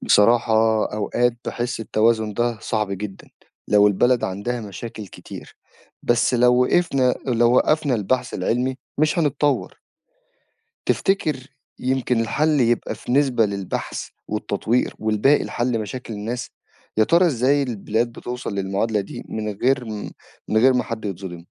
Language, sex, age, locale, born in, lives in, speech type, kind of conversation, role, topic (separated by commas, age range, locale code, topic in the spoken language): Arabic, male, 25-29, ar-EG, Egypt, Egypt, read, expert-assistant, asker, هل فعلاً استكشاف الفضاء مكلف جدًا وإن الفلوس دي ممكن تتصرف في حاجات أهم على الأرض؟